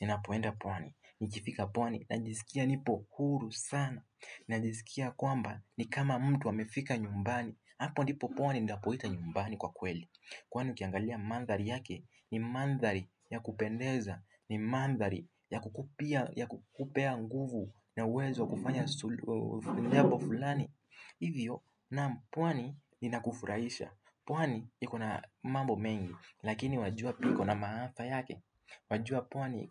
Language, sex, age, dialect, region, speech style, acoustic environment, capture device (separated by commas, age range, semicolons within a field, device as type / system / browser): Swahili, male, 18-24, Kenya - Nairobi Sheng-influenced, Kenya, relaxed; focused; excited, nature; silence; construction; appliances, desktop / Windows / Firefox